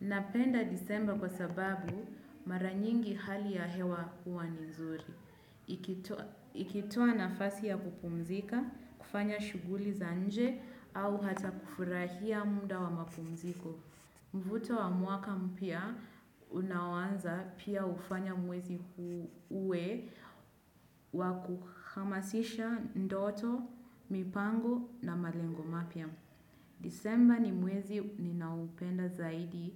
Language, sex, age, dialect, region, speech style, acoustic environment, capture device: Swahili, female, 25-34, Kenya - Mombasa, Kenya, focused, nature; silence, desktop / Windows / Chrome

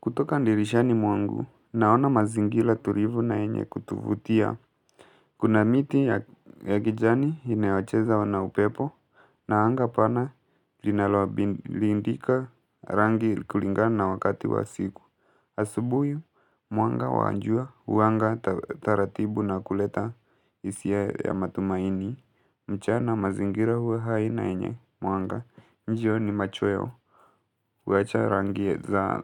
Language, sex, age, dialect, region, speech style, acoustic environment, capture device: Swahili, male, 18-24, Kenya - Mombasa, Kenya, relaxed, silence, mobile / Linux / Chrome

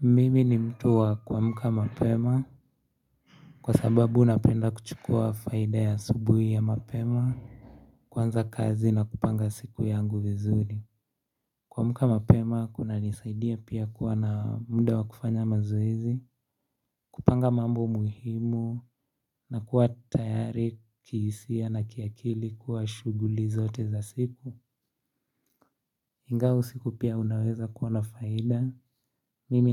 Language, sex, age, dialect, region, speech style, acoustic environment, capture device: Swahili, male, 18-24, Kenya - Nairobi Sheng-influenced, Kenya, relaxed; focused, traffic; nature; silence, mobile / Linux / Chrome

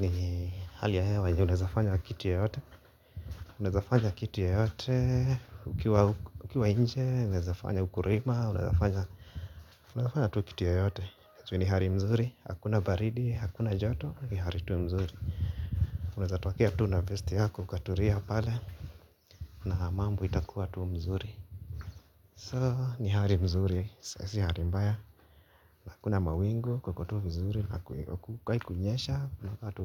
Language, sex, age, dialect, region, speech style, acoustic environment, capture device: Swahili, male, 25-34, Kenya - Nairobi Sheng-influenced, Kenya, relaxed, nature, mobile / Linux / Chrome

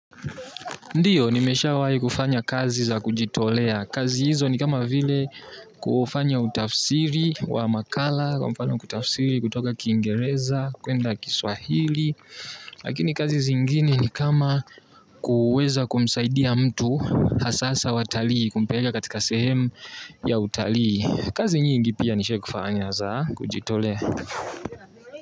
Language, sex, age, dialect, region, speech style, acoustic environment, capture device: Swahili, male, 18-24, Tanzania - Dar es Salaam, Tanzania, tired, nature, mobile / Linux / Chrome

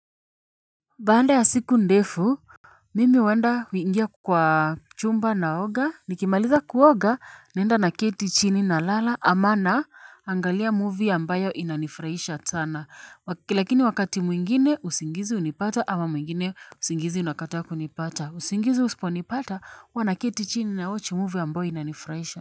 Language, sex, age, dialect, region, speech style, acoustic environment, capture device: Swahili, female, 25-34, Kenya - Nairobi Sheng-influenced, Kenya, happy, people talking, mobile / Linux / Chrome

